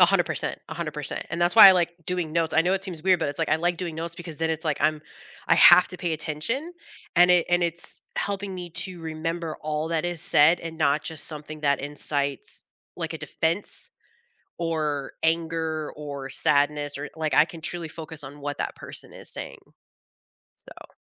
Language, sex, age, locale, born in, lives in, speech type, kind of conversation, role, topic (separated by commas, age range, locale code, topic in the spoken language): English, female, 35-39, en-US, United States, United States, conversation, unstructured, none, How do you handle disagreements with family members?